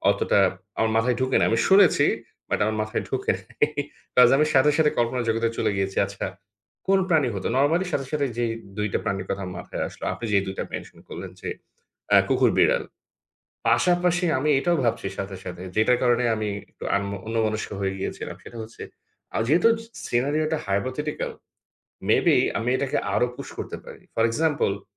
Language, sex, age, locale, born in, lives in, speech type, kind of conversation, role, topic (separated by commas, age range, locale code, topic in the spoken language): Bengali, male, 30-34, bn-BD, Bangladesh, Bangladesh, conversation, unstructured, none, কল্পনা করো, তুমি যদি এক দিনের জন্য প্রাণীদের ভাষা বুঝতে পারতে, তাহলে কোন প্রাণীর সঙ্গে কথা বলতে চাইতে?